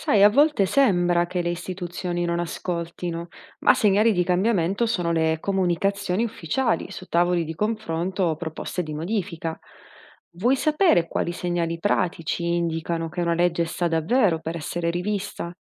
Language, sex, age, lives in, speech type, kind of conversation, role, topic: Italian, female, 30-34, Italy, read, expert-assistant, expert, Come posso capire se una nuova legge migliorerà davvero la vita delle persone?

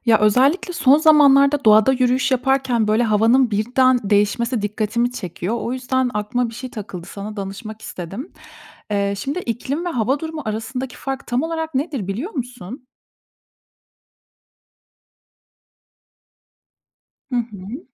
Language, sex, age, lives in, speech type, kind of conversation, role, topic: Turkish, female, 30-34, Germany, read, expert-assistant, asker, ‘İklim’ ile ‘hava durumu’ arasındaki fark nedir ve neden bu ayrım yapılır?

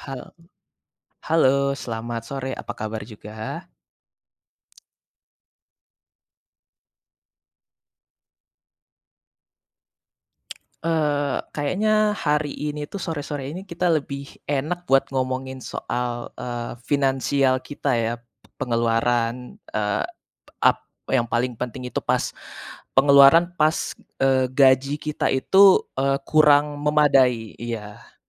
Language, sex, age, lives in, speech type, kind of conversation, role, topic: Indonesian, male, 20-24, Indonesia, conversation, unstructured, none, Bagaimana kamu mengatur pengeluaran saat gaji pas-pasan?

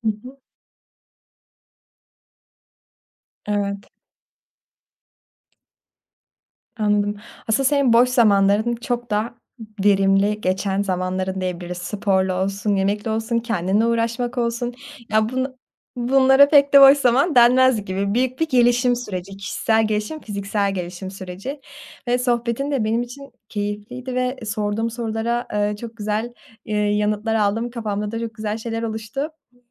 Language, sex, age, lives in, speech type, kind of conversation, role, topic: Turkish, female, 20-24, Germany, conversation, podcast, host, Boş zamanlarında yaratıcı şeyler yapmak için neler yaparsın?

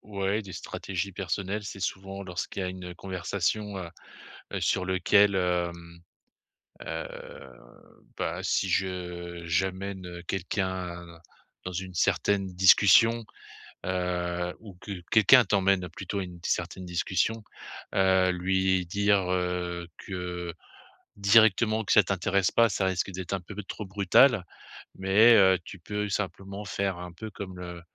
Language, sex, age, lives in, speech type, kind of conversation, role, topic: French, male, 50-54, France, conversation, advice, advisor, Comment puis-je me rapprocher des autres pendant les fêtes quand je me sens déconnecté ?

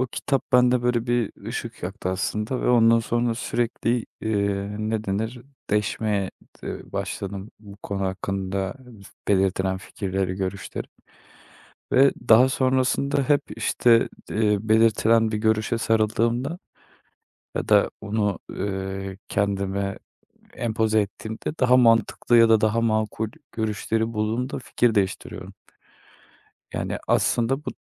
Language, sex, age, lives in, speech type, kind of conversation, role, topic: Turkish, male, 25-29, Netherlands, conversation, advice, user, Hayat amacımı bulamadığım için yönsüz hissettiğimde ne yapabilirim?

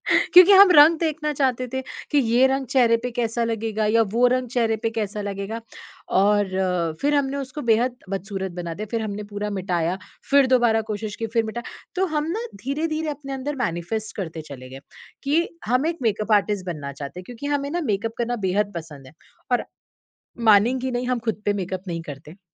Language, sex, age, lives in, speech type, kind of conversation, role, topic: Hindi, female, 30-34, India, conversation, podcast, guest, क्या मेकअप आपको आत्मविश्वास देता है या प्राकृतिक रूप ज़्यादा पसंद है?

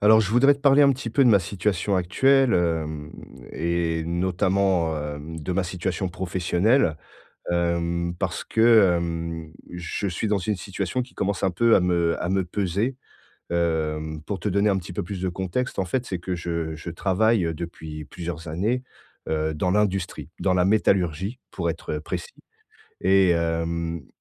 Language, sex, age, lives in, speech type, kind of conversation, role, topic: French, male, 40-44, France, conversation, advice, user, Comment puis-je mieux équilibrer mon travail et mes loisirs ?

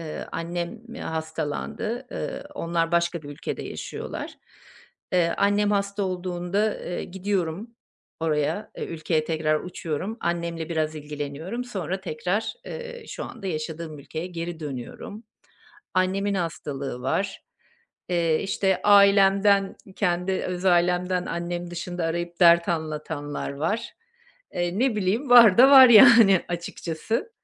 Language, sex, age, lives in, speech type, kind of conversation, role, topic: Turkish, female, 50-54, Portugal, conversation, advice, user, Tükenmiş hissederken iş ve sorumluluklar arasında kendime şefkat gösterip dinlenmeye nasıl izin verebilirim?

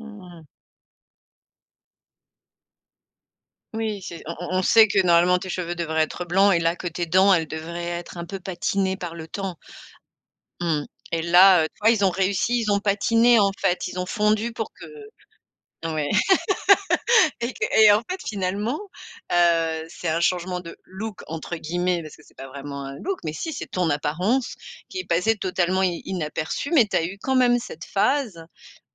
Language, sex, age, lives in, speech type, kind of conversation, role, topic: French, female, 45-49, France, conversation, podcast, host, Peux-tu me raconter un moment où ton look a changé l’image que les autres avaient de toi ?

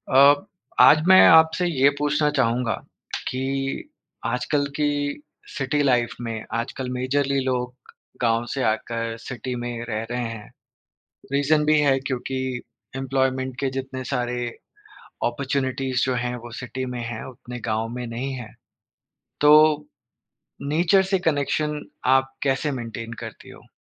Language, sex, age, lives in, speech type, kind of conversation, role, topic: Hindi, male, 30-34, India, conversation, podcast, host, City life mein tum nature se apna connection kaise maintain karte ho?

